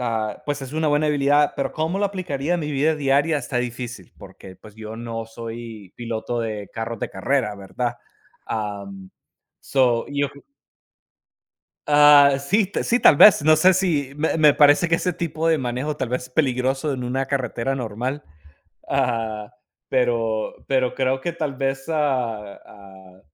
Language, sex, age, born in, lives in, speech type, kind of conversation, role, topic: Spanish, male, 30-34, United States, United States, conversation, unstructured, none, Si pudieras cambiar de lugar con cualquier persona por un día, ¿a quién elegirías?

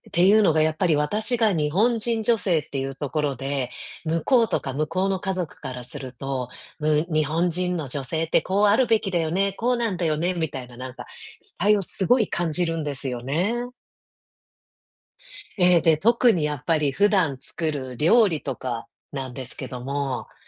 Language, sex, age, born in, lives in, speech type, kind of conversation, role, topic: Japanese, female, 35-39, Japan, United States, conversation, advice, user, 家族の文化的な期待と自分の気持ちは、どうすれば両立できますか？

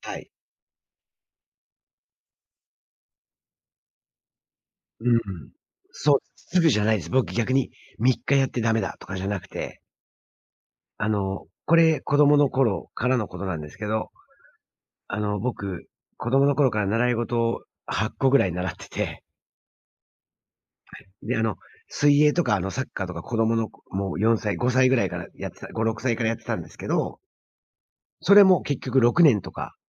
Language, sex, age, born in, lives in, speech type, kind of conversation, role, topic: Japanese, male, 45-49, Japan, United States, conversation, advice, user, 努力しても効果が出ず挫折しそうなときの焦りは、どう向き合えばいいですか？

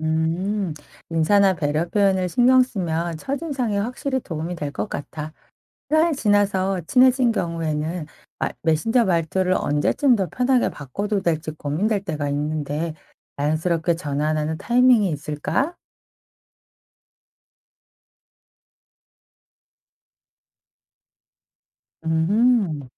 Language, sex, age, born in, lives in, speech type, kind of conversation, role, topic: Korean, female, 45-49, South Korea, France, read, expert-assistant, asker, 직장에서 효과적인 의사소통을 위해 한국어의 높임말과 친근한 말투를 어떻게 균형 있게 사용할 수 있을까요?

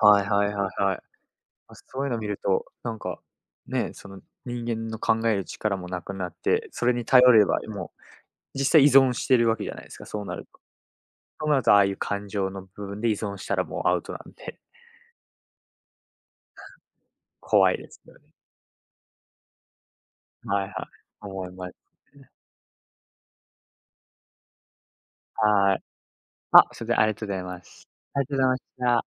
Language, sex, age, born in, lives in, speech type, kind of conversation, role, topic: Japanese, male, 20-24, United States, Japan, conversation, unstructured, none, 未来のロボットにどんなことを期待しますか？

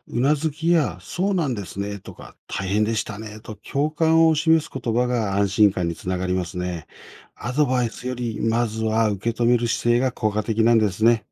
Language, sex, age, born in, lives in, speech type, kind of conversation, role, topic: Japanese, male, 55-59, Japan, Japan, read, expert-assistant, expert, 友人や家族と心の健康について自然に話せるようにするには、どんな工夫ができるでしょうか？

